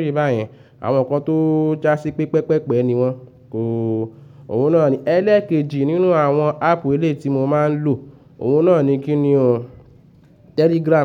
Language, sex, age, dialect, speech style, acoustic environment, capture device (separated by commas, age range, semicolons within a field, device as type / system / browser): Yoruba, male, 25-34, Nigeria - Lagos Yoruba, nervous, nature, mobile / Linux / Chrome